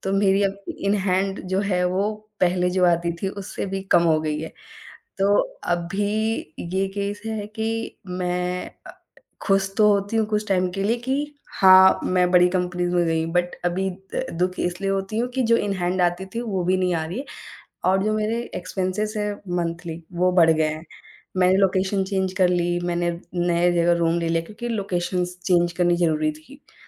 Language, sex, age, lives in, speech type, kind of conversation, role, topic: Hindi, female, 25-29, India, conversation, advice, user, नौकरी में पदोन्नति का मौका मिलने पर मैं हिचकिचा क्यों रहा/रही हूँ और मेरा आत्मविश्वास क्यों कम हो जाता है?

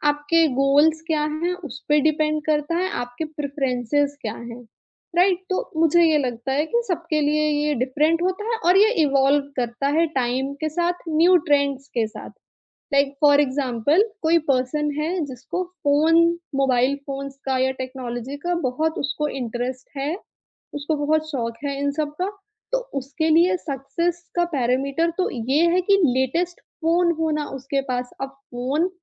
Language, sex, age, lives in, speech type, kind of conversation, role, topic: Hindi, female, 25-29, India, conversation, podcast, guest, Aaj kal tumhare liye success ka matlab kya hai?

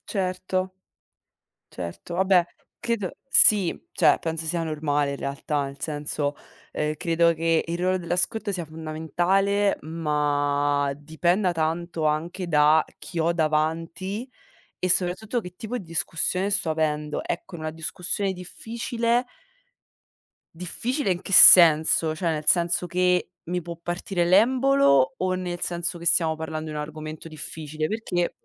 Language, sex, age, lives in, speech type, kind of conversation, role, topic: Italian, female, 25-29, Italy, conversation, unstructured, none, Qual è il ruolo dell’ascolto in una discussione difficile?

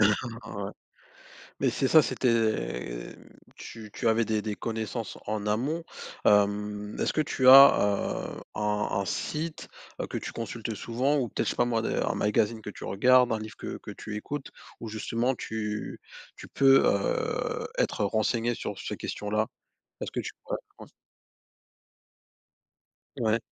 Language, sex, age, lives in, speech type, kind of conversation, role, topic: French, male, 30-34, France, conversation, podcast, host, Comment choisir entre le prix et la durabilité ?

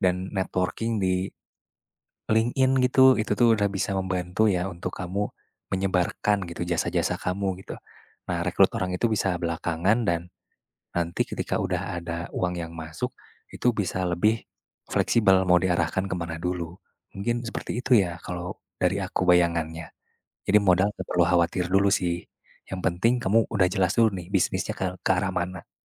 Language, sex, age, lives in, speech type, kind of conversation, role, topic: Indonesian, male, 20-24, Indonesia, conversation, advice, advisor, Apakah saya sebaiknya berhenti dari pekerjaan tetap untuk mendirikan perusahaan rintisan?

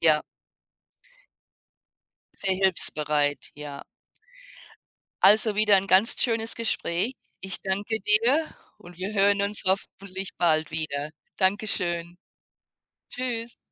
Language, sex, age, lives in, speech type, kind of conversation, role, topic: German, female, 55-59, United States, conversation, unstructured, none, Was macht eine gute Nachbarschaft für dich aus?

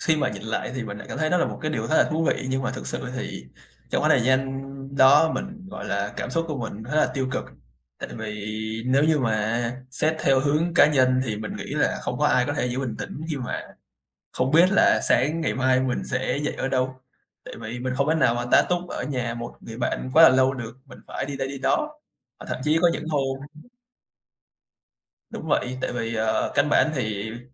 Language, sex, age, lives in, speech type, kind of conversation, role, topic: Vietnamese, male, 20-24, Vietnam, conversation, podcast, guest, Bạn có thể kể về một trải nghiệm đã thay đổi cuộc đời bạn không?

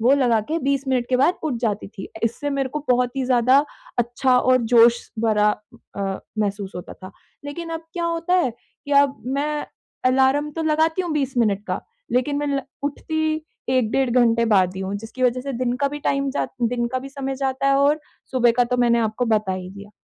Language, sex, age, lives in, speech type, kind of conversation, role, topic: Hindi, female, 25-29, India, conversation, advice, user, विराम के बाद मैं अपनी नियमितता फिर से कैसे पा सकता/सकती हूँ?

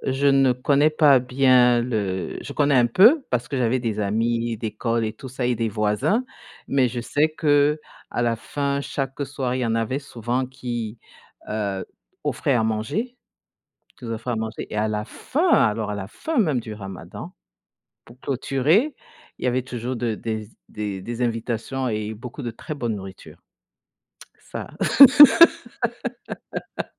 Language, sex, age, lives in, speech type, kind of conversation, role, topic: French, female, 50-54, United States, conversation, unstructured, none, Quel est ton meilleur souvenir avec ta famille ?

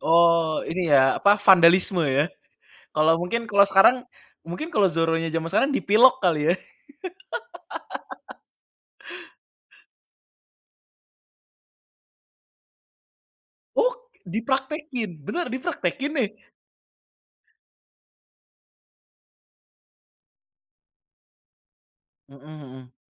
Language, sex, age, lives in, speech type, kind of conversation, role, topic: Indonesian, male, 25-29, Indonesia, conversation, podcast, host, Apa pengalaman paling berkesan yang pernah kamu alami bersama keluarga?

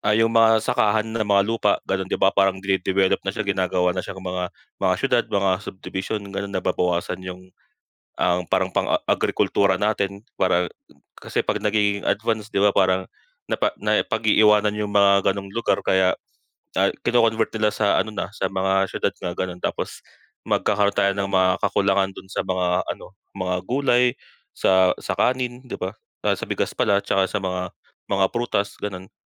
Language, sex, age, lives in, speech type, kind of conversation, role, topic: Filipino, male, 25-29, Philippines, conversation, unstructured, none, Paano mo nakikita ang magiging hinaharap ng teknolohiya sa Pilipinas?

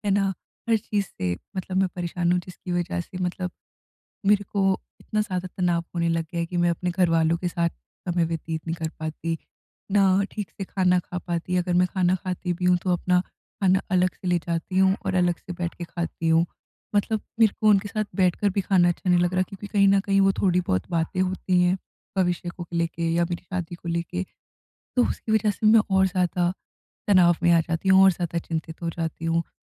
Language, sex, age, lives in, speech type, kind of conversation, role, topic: Hindi, female, 20-24, India, conversation, advice, user, मैं अनिश्चित भविष्य को लेकर होने वाली चिंता को कैसे कम कर सकता/सकती हूँ?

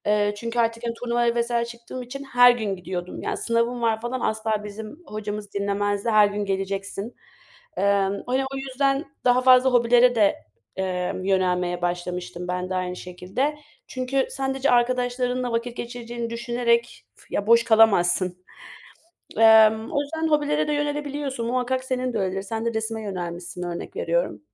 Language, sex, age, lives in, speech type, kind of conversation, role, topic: Turkish, female, 25-29, Germany, conversation, unstructured, none, Teknoloji olmadan bir gününü nasıl geçirirdin?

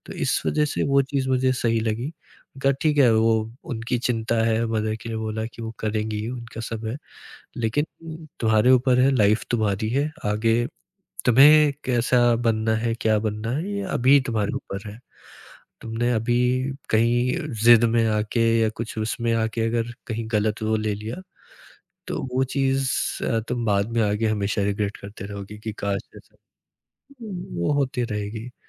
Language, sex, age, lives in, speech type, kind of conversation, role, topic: Hindi, male, 20-24, India, conversation, podcast, guest, Family ki career expectations ko aap kaise handle karte hain?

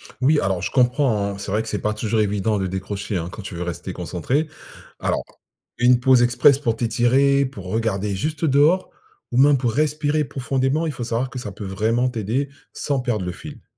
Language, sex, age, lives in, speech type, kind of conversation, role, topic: French, male, 45-49, France, read, expert-assistant, expert, Comment notre corps nous indique-t-il qu’il est temps de ralentir, et comment écouter ces signaux ?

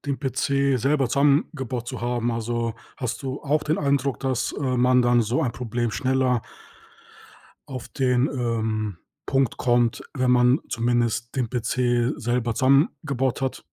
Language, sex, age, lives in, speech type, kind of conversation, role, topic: German, male, 30-34, Germany, conversation, podcast, host, Welche Technikpanne wirst du nie vergessen?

